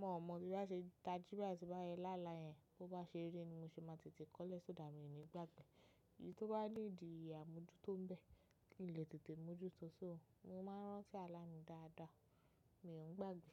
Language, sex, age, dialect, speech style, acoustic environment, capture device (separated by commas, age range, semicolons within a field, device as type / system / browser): Yoruba, female, 25-34, Nigeria - Lagos Yoruba, focused, nature, mobile / macOS / Safari